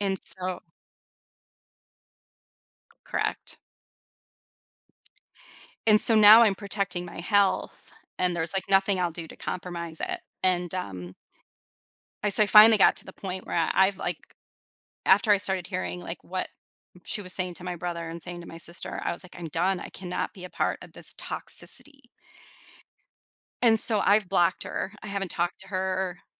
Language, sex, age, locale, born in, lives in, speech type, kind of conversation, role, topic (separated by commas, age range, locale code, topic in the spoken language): English, female, 45-49, en-US, United States, United States, conversation, advice, user, How can I rebuild trust after a fight?